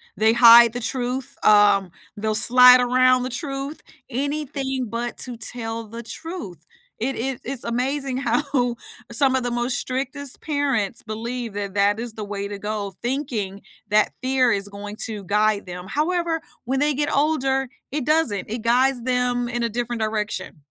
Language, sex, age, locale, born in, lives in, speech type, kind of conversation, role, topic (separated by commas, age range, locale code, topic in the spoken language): English, female, 45-49, en-US, United States, United States, conversation, unstructured, none, What memory still motivates you to take action?